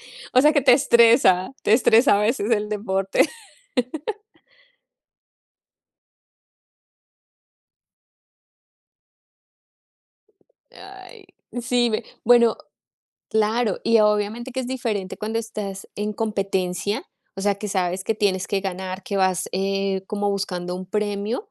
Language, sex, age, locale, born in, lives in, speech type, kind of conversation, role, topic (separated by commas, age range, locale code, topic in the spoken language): Spanish, female, 40-44, es-CO, Colombia, United States, conversation, unstructured, none, ¿Cómo cambia el ejercicio tu estado de ánimo?